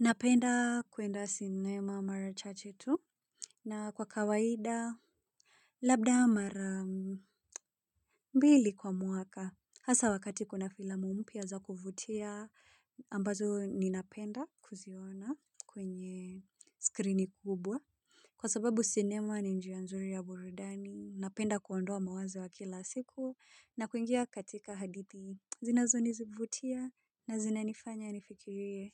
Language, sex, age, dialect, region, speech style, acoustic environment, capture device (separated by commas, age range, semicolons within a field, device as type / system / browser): Swahili, female, 25-34, Kenya - Nairobi Sheng-influenced, Kenya, relaxed; focused, silence; people talking; construction; appliances, mobile / Linux / Chrome